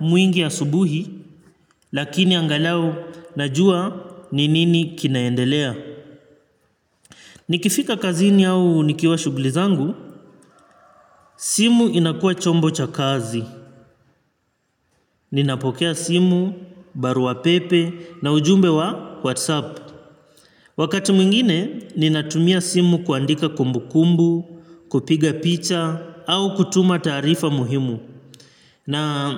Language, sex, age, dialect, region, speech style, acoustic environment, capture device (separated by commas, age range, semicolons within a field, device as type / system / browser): Swahili, male, 25-34, Kenya - Mombasa, Kenya, relaxed, nature, mobile / Linux / Chrome